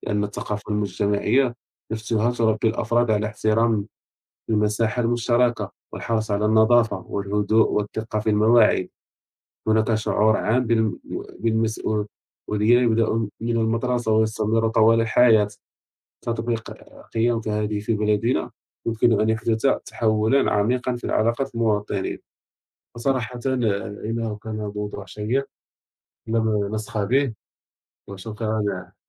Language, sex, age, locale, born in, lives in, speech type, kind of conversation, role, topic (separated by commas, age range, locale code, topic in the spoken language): Arabic, male, 30-34, ar-MA, Morocco, France, conversation, unstructured, none, إيه رأيك في دور الحكومة في تحسين حياة الناس؟